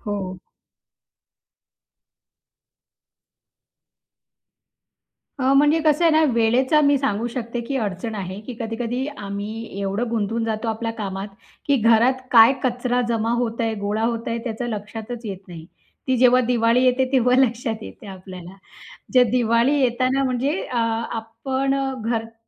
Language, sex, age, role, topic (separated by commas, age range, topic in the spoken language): Marathi, female, 35-39, guest, घरचा कचरा कमी करण्याचे साधे मार्ग कोणते?